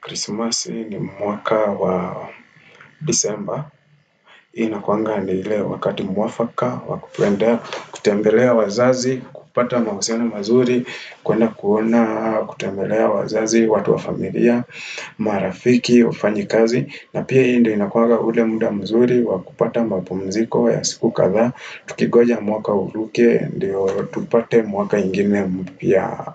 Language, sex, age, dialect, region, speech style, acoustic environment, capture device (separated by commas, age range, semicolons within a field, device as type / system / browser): Swahili, male, 25-34, Kenya - Nairobi Sheng-influenced, Kenya, relaxed, silence, desktop / Windows / Firefox